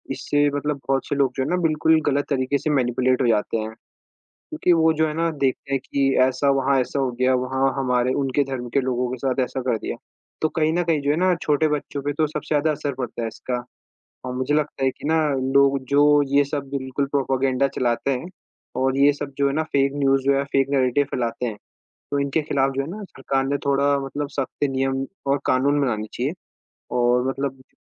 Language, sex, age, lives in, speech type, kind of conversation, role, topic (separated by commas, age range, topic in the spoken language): Hindi, male, 18-19, India, conversation, unstructured, none, क्या आपको लगता है कि डिजिटल मीडिया ने खबरों की दुनिया बदल दी है?